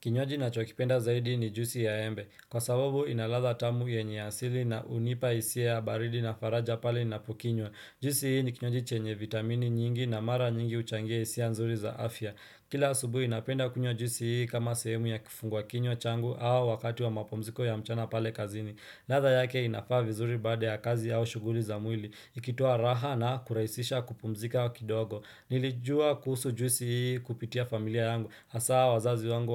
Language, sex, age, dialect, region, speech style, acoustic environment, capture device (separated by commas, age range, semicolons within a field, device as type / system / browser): Swahili, male, 25-34, Kenya - Nairobi Sheng-influenced, Kenya, relaxed, silence, desktop / Windows / Chrome